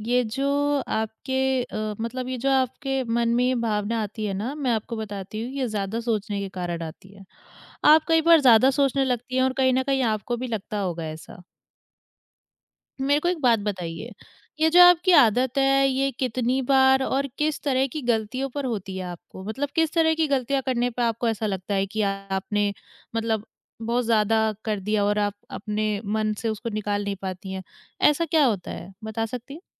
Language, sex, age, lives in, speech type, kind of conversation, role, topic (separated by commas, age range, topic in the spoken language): Hindi, female, 20-24, India, conversation, advice, advisor, आप काम में छोटी-छोटी गलतियों पर खुद को कठोर तरीके से दोषी क्यों मानते हैं?